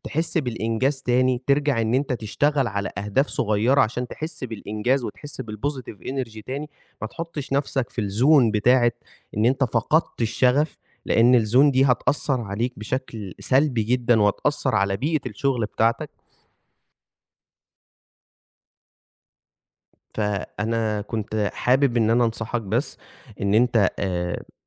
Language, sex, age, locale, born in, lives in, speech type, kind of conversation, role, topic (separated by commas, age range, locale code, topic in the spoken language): Arabic, male, 20-24, ar-EG, Egypt, Egypt, conversation, advice, advisor, إزاي أبدأ أستعيد إحساسي بنفسي وباتجاهي في حياتي بعد الانفصال؟